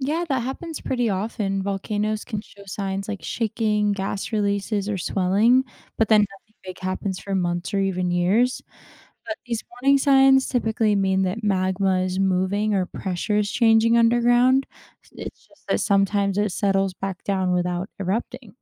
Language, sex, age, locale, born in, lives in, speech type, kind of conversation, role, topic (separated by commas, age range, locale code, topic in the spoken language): English, female, 20-24, en-US, United States, United States, read, expert-assistant, expert, What happens inside a volcano during an eruption?